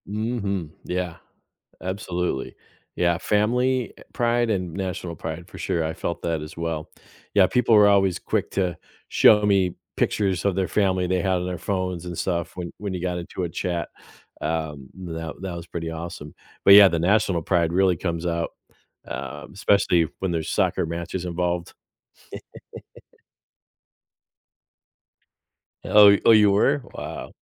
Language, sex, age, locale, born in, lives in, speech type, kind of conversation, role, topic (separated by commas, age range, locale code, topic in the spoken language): English, male, 50-54, en-US, United States, United States, conversation, podcast, host, How can travel experiences shape who we become?